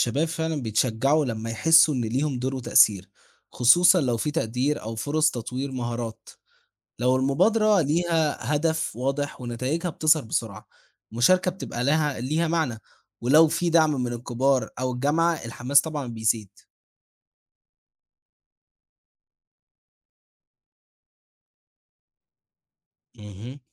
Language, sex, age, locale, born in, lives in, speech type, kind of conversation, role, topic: Arabic, male, 18-19, ar-EG, Egypt, Egypt, read, expert-assistant, asker, لو فكرت تدمج بين التكنولوجيا والعادات المصرية، إزاي ممكن نحسّن صحة الناس؟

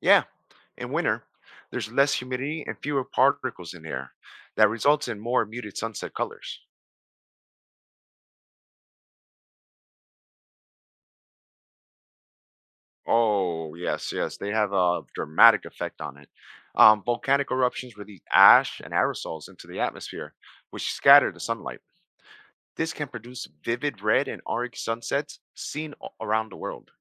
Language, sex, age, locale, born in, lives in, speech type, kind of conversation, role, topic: English, male, 40-44, en-US, United States, United States, read, expert-assistant, expert, What causes the sky to turn orange at sunset?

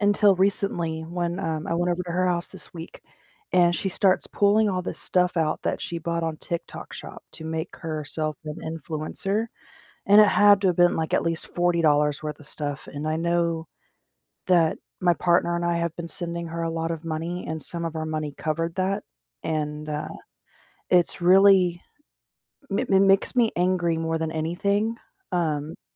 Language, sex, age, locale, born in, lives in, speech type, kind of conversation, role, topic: English, female, 30-34, en-US, United States, United States, conversation, advice, user, How can I set healthy boundaries without feeling guilty?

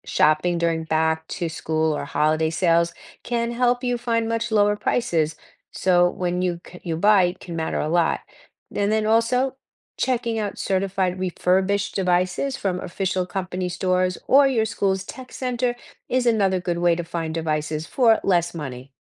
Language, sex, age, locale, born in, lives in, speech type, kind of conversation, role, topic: English, female, 60-64, en-US, United States, United States, read, expert-assistant, expert, How can students effectively manage personal finances?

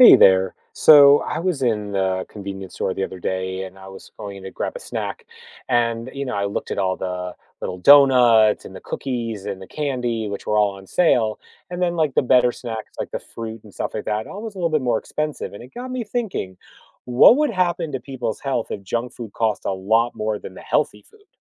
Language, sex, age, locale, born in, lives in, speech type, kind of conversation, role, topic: English, male, 45-49, en-US, United States, United States, read, expert-assistant, asker, How might people’s health improve if junk food was much more expensive than healthy food?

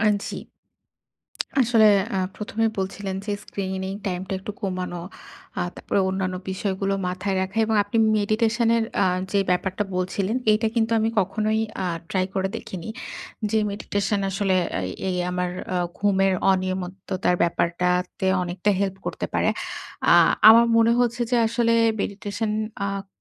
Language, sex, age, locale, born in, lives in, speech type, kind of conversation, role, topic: Bengali, female, 30-34, bn-BD, Bangladesh, Bangladesh, conversation, advice, user, রাতে আমি কীভাবে ভালো ঘুমাতে পারি এবং উদ্বেগ কমাতে পারি?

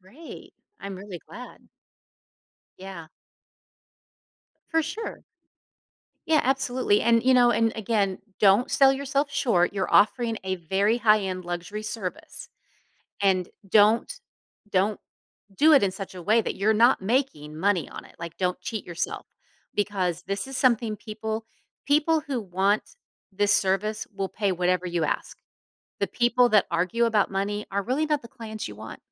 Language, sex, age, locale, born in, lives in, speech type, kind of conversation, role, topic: English, female, 50-54, en-US, United States, United States, conversation, advice, advisor, How do I regain confidence after failing at something important?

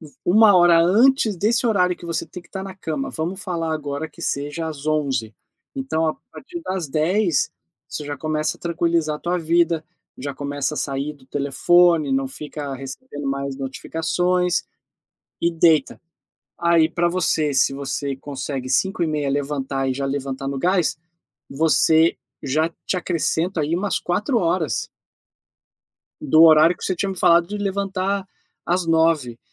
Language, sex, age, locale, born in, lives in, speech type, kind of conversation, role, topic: Portuguese, male, 40-44, pt-BR, Brazil, United States, conversation, advice, advisor, Como posso encontrar motivação para levantar cedo quando não tenho vontade de começar o dia?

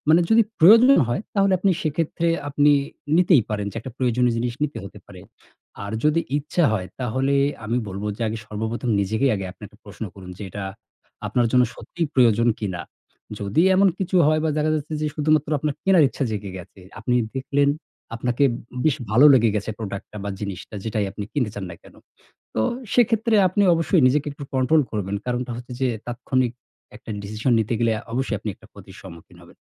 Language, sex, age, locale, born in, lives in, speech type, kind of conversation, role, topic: Bengali, male, 35-39, bn-BD, Bangladesh, Bangladesh, conversation, advice, advisor, অনলাইন কেনাকাটায় আমার নিয়ন্ত্রণহীন খরচ কীভাবে কমাতে পারি?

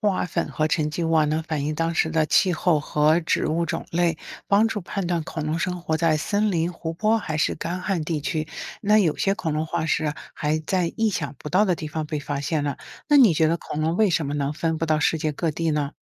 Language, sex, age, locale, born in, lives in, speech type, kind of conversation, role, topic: Chinese, female, 55-59, zh-CN, China, United States, read, expert-assistant, expert, 如果我想深入了解恐龙的真实生活，应该从哪些类型的资料或线索开始查找？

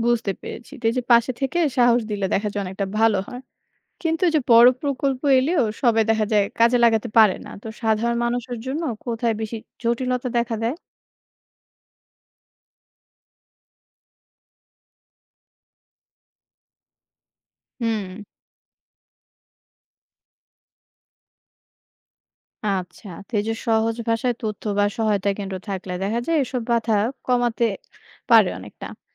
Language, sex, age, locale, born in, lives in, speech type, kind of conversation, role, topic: Bengali, female, 30-34, bn-BD, Bangladesh, Bangladesh, read, expert-assistant, asker, আমাদের সমাজে ধনী-গরিবের ব্যবধান কমানোর নতুন নতুন উপায় কী হতে পারে?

